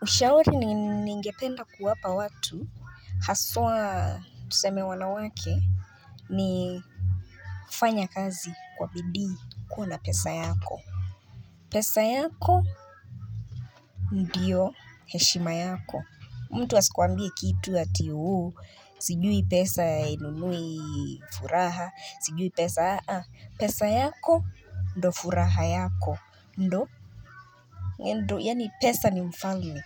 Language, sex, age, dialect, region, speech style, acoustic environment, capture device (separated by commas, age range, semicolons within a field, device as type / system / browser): Swahili, female, 25-34, Kenya - Nairobi Sheng-influenced, Kenya, relaxed, music, mobile / Linux / Chrome